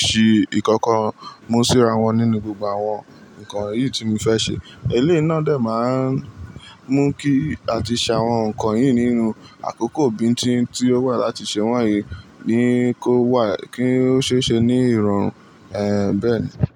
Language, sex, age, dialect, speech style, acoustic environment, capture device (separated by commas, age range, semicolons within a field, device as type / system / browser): Yoruba, male, 18-24, Nigeria - Lagos Yoruba, relaxed, appliances; people talking, mobile / Linux / Chrome